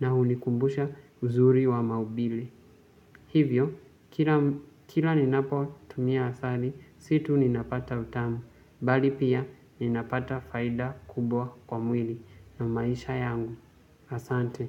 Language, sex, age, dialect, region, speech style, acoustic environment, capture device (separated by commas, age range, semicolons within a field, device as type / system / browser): Swahili, male, 18-24, Kenya - Mombasa, Kenya, focused, silence, mobile / Linux / Chrome